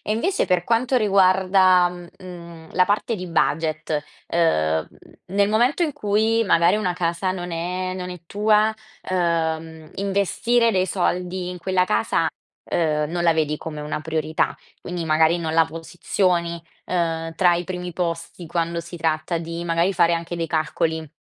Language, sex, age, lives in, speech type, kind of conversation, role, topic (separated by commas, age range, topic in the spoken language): Italian, female, 20-24, Italy, conversation, podcast, host, Cosa rende davvero accogliente una casa per te?